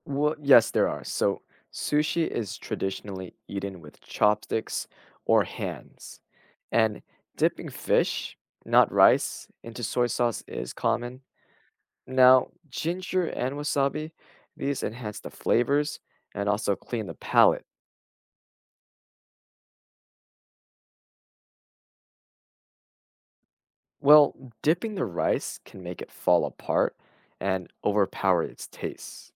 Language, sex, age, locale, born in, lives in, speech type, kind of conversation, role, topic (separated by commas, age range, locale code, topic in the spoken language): English, male, 20-24, en-US, United States, United States, read, expert-assistant, expert, What is the art of making sushi and its cultural importance?